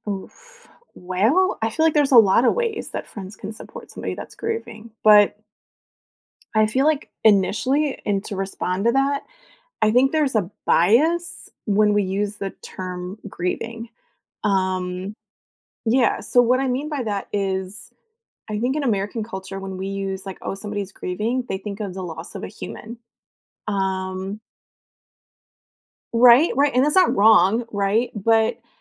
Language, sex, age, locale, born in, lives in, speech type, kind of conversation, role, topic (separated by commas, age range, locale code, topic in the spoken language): English, female, 40-44, en-US, United States, United States, conversation, podcast, guest, What are thoughtful ways to support a friend who is grieving?